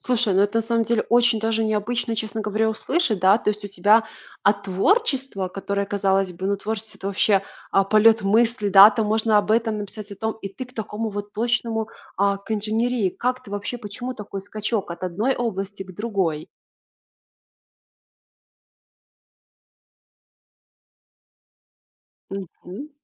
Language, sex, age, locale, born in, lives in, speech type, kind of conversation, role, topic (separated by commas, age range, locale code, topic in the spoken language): Russian, female, 25-29, ru-RU, Belarus, Italy, conversation, podcast, host, Как получилось, что ваше хобби вдруг стало работой?